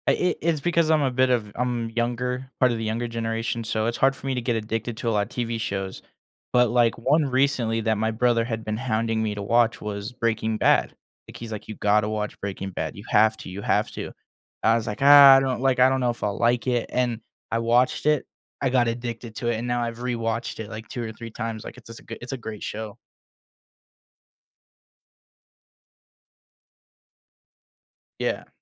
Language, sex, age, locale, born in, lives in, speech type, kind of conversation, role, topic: English, male, 20-24, en-US, United States, United States, conversation, podcast, host, What draws people into a TV show and keeps them wanting more?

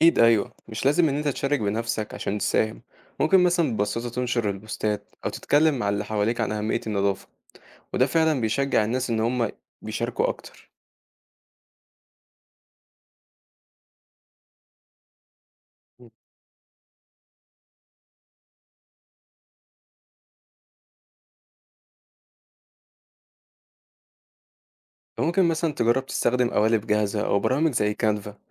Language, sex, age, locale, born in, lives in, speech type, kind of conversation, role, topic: Arabic, male, 18-19, ar-EG, Egypt, Egypt, read, expert-assistant, expert, لو عندي شغل كتير ومش بلاقي وقت أشارك في مبادرات تنظيف البيئة، أتصرف إزاي وأساهم بشكل فعال؟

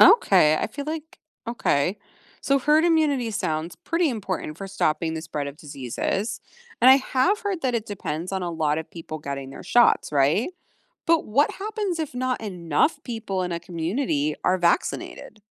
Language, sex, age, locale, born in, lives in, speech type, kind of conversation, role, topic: English, female, 40-44, en-US, United States, United States, read, expert-assistant, asker, How do vaccines protect individuals and communities from disease?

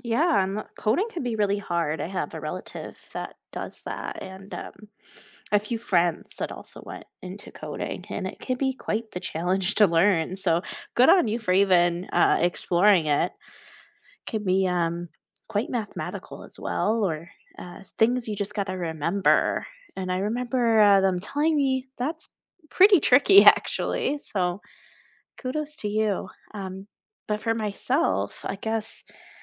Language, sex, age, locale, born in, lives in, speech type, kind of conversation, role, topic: English, female, 20-24, en-US, United States, United States, conversation, unstructured, none, What is a new hobby or skill you are exploring just for fun, and what drew you to it?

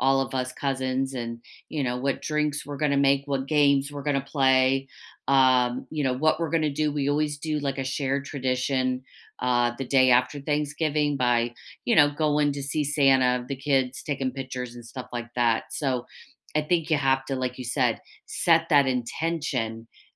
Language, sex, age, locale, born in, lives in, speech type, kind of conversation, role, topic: English, female, 50-54, en-US, United States, United States, conversation, unstructured, none, What shared traditions would you love to start or revive together to deepen our connection?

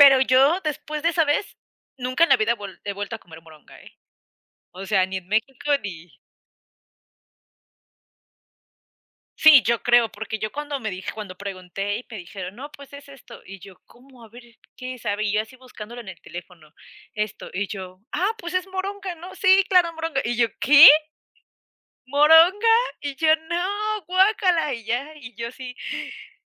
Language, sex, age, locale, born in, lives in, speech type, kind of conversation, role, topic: Spanish, female, 25-29, es-US, Mexico, United States, conversation, unstructured, none, ¿Qué es lo más extraño que has comido durante un viaje?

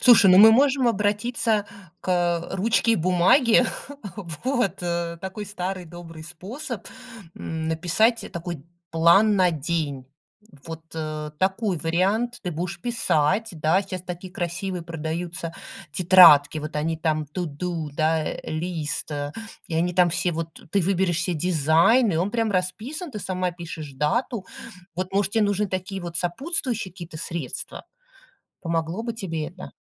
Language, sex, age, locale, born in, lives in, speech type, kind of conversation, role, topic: Russian, female, 40-44, ru-RU, Russia, United States, conversation, advice, advisor, Как постоянная прокрастинация мешает вам продвигаться к важной цели?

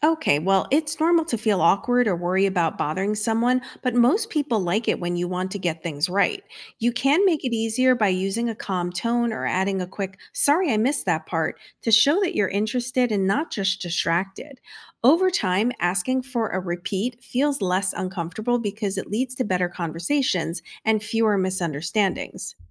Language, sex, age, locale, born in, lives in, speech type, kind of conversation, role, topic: English, female, 50-54, en-US, United States, United States, read, expert-assistant, expert, How can you develop better listening skills?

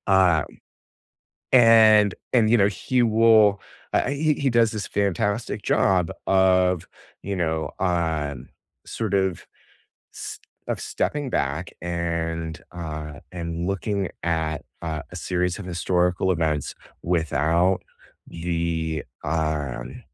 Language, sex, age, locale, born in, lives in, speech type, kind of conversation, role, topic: English, male, 35-39, en-US, United States, United States, conversation, unstructured, none, What show, book, or podcast are you most excited to recommend right now, and why does it resonate with you?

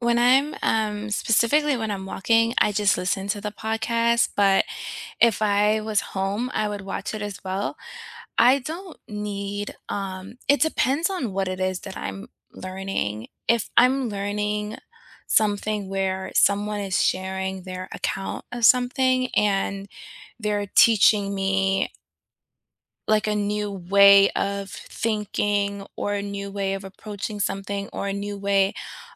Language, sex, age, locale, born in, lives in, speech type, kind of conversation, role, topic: English, female, 35-39, en-US, United States, United States, conversation, unstructured, none, How do you prefer to learn new skills these days?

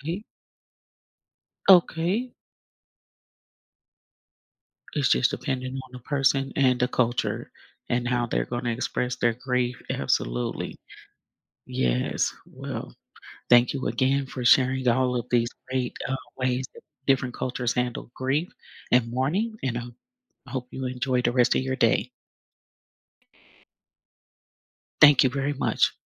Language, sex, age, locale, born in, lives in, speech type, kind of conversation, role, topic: English, female, 55-59, en-US, United States, United States, conversation, podcast, host, How do cultural traditions shape the way people experience and express grief?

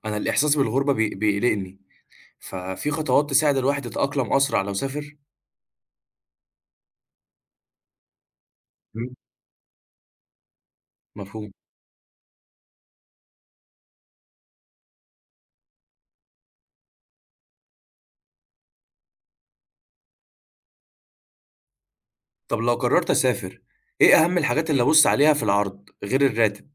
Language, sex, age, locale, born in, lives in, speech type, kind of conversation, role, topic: Arabic, male, 20-24, ar-EG, Egypt, Egypt, read, expert-assistant, asker, إزاي أختار بين شغل في مصر وشغل في دولة تانية؟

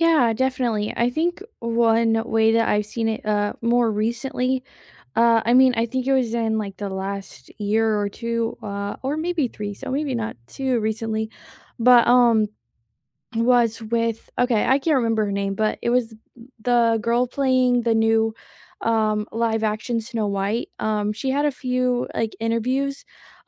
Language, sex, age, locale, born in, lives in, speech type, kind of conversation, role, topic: English, female, 20-24, en-US, United States, United States, conversation, unstructured, none, What is your opinion on trolling celebrities on social media?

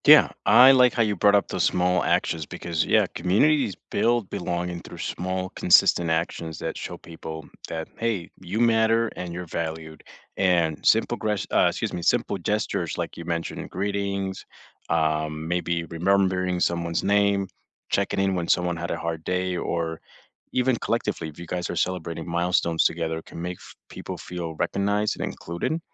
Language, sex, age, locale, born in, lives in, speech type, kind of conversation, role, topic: English, male, 25-29, en-US, United States, United States, conversation, unstructured, none, How can communities build a sense of belonging through small actions that help everyone feel seen and connected?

